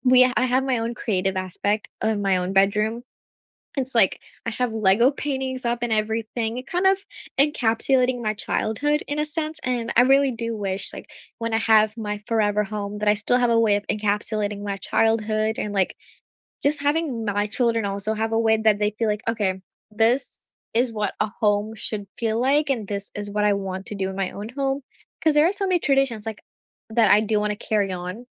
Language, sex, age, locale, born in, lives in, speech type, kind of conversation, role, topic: English, female, 18-19, en-US, India, United States, conversation, unstructured, none, How has your sense of home changed from childhood to now, and what makes it feel like home today?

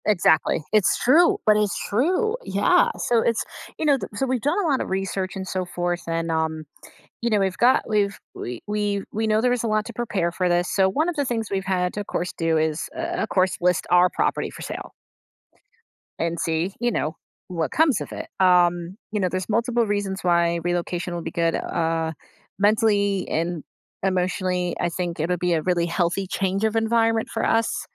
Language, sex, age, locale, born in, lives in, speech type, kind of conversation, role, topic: English, female, 35-39, en-US, United States, United States, conversation, advice, user, How can I cope with mixed relief after a difficult decision?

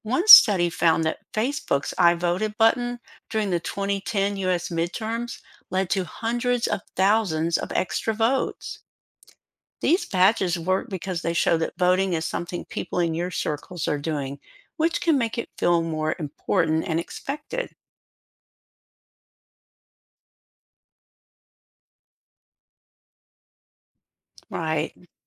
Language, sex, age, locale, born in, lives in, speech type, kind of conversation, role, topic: English, female, 60-64, en-US, United States, United States, read, expert-assistant, expert, How can voting be made more appealing to everyone?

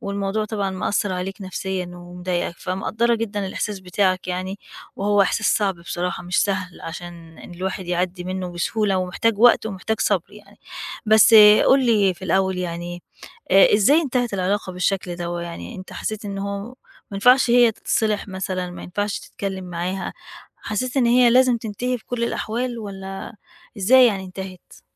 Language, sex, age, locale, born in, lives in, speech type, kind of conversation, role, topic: Arabic, female, 40-44, ar-EG, Egypt, Portugal, conversation, advice, advisor, إزاي أتعامل مع الانفصال أو إنهاء علاقة طويلة المدى؟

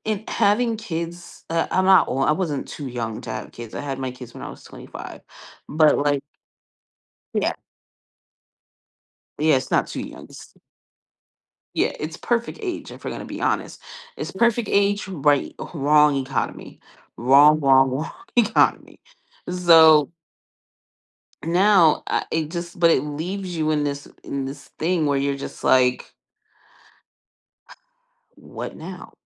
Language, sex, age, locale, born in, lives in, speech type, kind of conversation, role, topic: English, female, 25-29, en-US, United States, United States, conversation, advice, user, How can I find purpose in daily life?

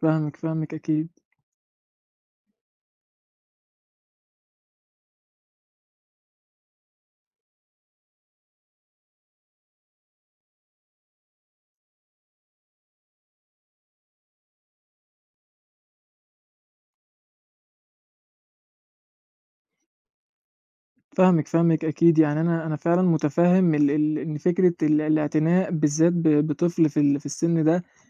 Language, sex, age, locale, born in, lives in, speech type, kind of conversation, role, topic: Arabic, male, 20-24, ar-EG, Egypt, Egypt, conversation, advice, advisor, إزاي أقدر أوازن بين رعايتي للناس واهتمامي بنفسي؟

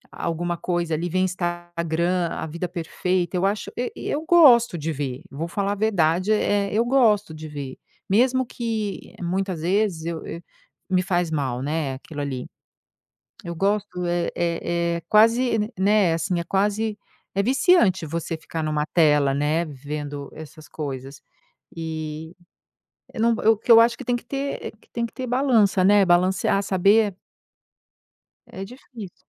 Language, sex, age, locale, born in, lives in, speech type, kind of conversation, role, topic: Portuguese, female, 50-54, pt-BR, Brazil, United States, conversation, podcast, guest, Como você lida com comparações nas redes sociais?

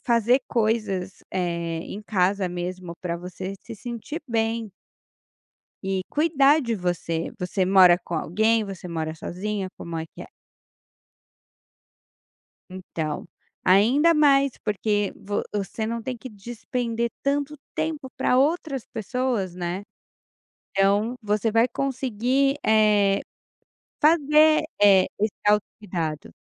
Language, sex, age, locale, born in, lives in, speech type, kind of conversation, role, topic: Portuguese, female, 35-39, pt-BR, Brazil, Portugal, conversation, advice, advisor, Como a falta de tempo afeta o seu autocuidado semanal planejado?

